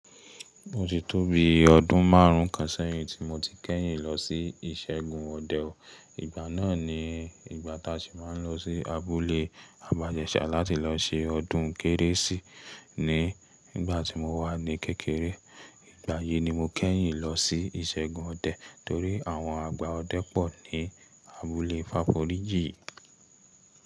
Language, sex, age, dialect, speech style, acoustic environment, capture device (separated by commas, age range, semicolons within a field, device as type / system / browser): Yoruba, male, 25-34, Nigeria - Ibadan, relaxed, silence; nature, mobile / Linux / Chrome